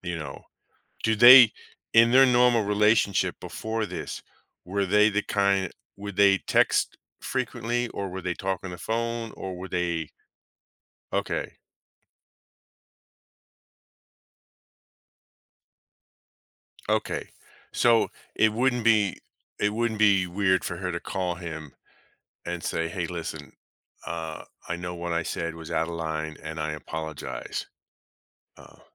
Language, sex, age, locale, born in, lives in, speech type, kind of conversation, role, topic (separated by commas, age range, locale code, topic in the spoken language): English, male, 50-54, en-US, United States, United States, conversation, advice, advisor, How can I resolve recurring family disagreements?